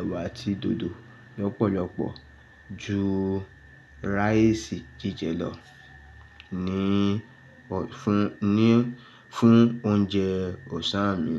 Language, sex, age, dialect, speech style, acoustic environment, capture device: Yoruba, male, 35-44, Nigeria - Lagos Yoruba, excited, nature; silence, mobile / Linux / Chrome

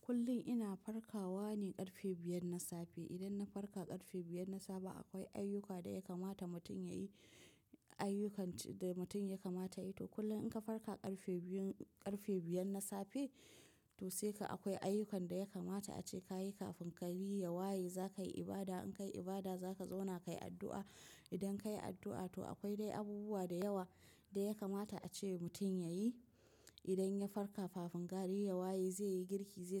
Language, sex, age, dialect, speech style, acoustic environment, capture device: Hausa, female, 25-34, Nigeria - Nigerian Standard, tired; relaxed; focused, appliances; nature; silence, mobile / macOS / Safari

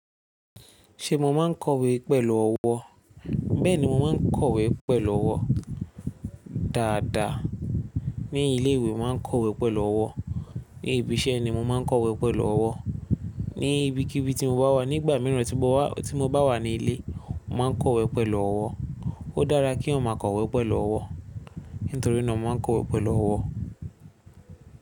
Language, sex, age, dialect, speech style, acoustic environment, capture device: Yoruba, male, 25-34, Nigeria - Lagos Yoruba, happy; focused; excited; relaxed, silence, mobile / Linux / Chrome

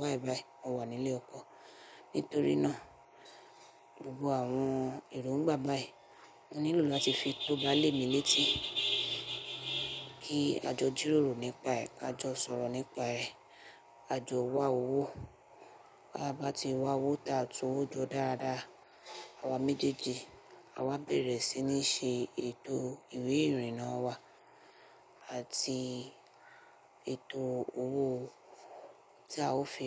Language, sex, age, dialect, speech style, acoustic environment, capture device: Yoruba, female, 25-34, Nigeria - Nigerian Standard, focused, silence, mobile / Linux / Chrome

